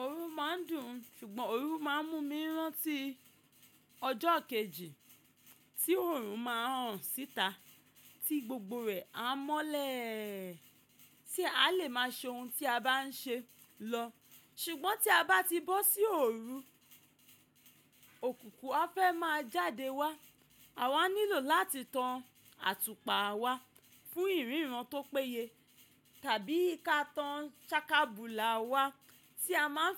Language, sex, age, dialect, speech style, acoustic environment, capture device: Yoruba, female, 25-34, Nigeria - Ibadan, focused, nature, mobile / macOS / Safari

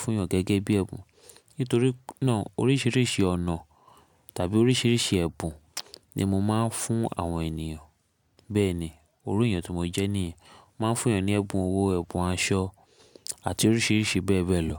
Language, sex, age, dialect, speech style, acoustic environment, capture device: Yoruba, male, 25-34, Nigeria - Lagos Yoruba, focused, silence, mobile / Linux / Chrome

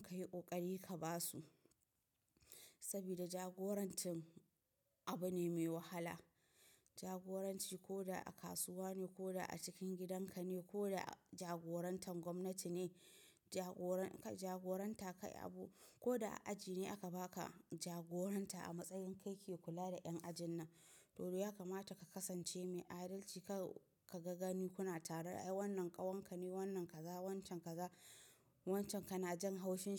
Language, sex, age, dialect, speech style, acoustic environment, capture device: Hausa, female, 25-34, Nigeria - Nigerian Standard, tired; relaxed; focused, appliances; nature; silence, mobile / macOS / Safari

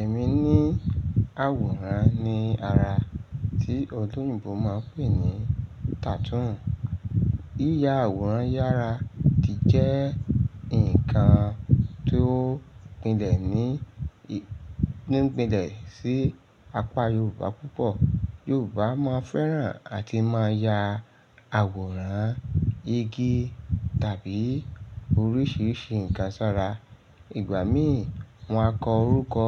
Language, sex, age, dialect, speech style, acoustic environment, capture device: Yoruba, male, 35-44, Nigeria - Lagos Yoruba, excited; focused, appliances; nature; silence, mobile / Linux / Chrome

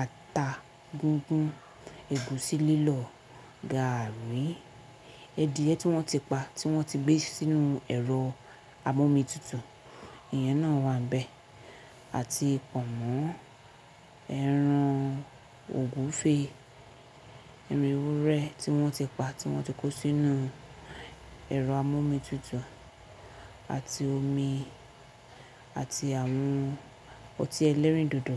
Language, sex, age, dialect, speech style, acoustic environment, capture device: Yoruba, female, 25-34, Nigeria - Nigerian Standard, focused, silence, mobile / Linux / Chrome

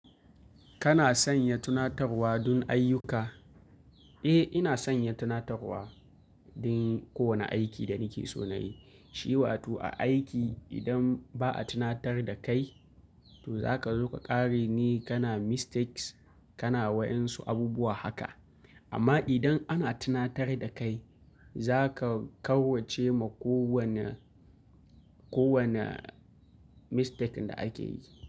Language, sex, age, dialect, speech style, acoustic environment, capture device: Hausa, male, 25-34, Nigeria - Kano, happy, nature; appliances, mobile / Linux / Chrome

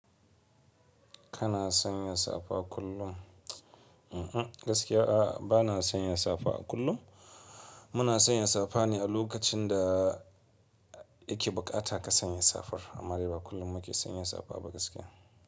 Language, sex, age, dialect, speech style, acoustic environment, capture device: Hausa, male, 25-34, Nigeria - Kano, relaxed, silence, mobile / Linux / Chrome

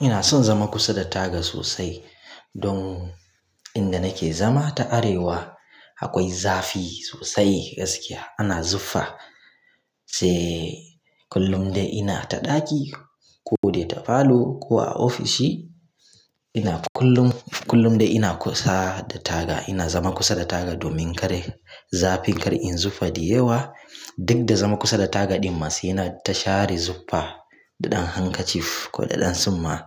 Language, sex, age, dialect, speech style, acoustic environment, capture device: Hausa, male, 25-34, Nigeria - Kano, focused, silence, mobile / Linux / Chrome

